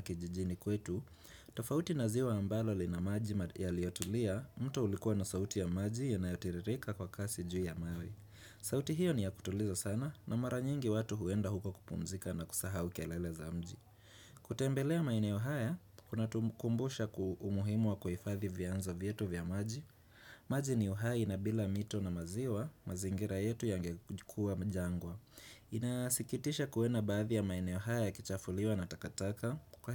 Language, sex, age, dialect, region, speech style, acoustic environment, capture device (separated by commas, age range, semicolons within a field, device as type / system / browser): Swahili, male, 25-34, Kenya - Nairobi Sheng-influenced, Kenya, relaxed, people talking; nature, desktop / Windows / Chrome